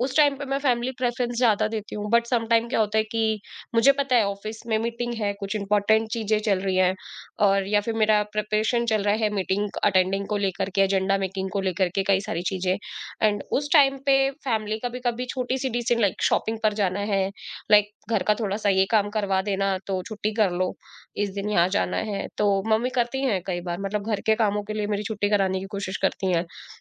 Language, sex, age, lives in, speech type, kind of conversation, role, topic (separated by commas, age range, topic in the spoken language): Hindi, female, 25-29, India, conversation, podcast, guest, Family expectations aur office demands ko tum kaise balance karte ho?